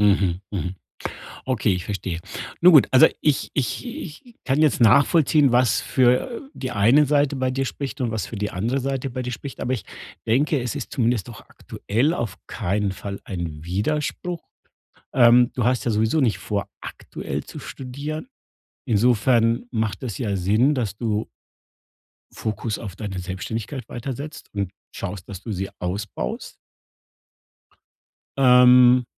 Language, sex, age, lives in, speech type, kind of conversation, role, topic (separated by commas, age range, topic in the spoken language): German, male, 50-54, Germany, conversation, advice, advisor, Wie plane ich meine Karriere sinnvoll und realistisch?